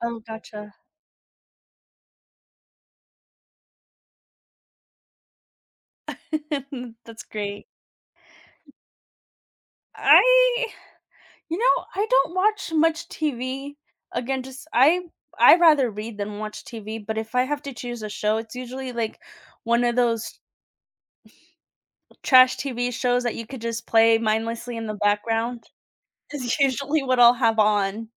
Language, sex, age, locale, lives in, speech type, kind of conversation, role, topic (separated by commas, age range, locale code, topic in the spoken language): English, female, 30-34, en-US, United States, conversation, unstructured, none, How do you find balance and relaxation after a busy day?